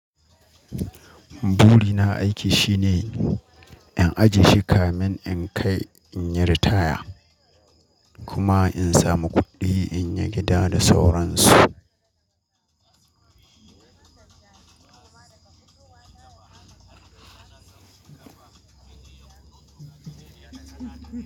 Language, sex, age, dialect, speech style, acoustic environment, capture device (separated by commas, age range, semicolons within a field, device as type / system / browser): Hausa, male, 25-34, Nigeria - Katsina, anxious; excited; focused; happy, people talking; construction; music; appliances, mobile / Linux / Chrome